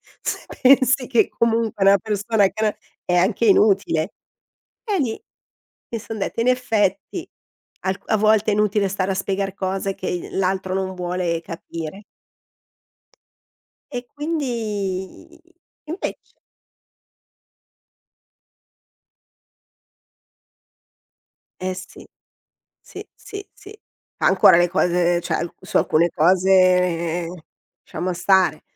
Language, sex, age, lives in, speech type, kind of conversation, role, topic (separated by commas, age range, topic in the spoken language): Italian, female, 50-54, Italy, conversation, podcast, guest, Come capisci che è il momento di chiedere aiuto, invece di cercare di cavartela da solo?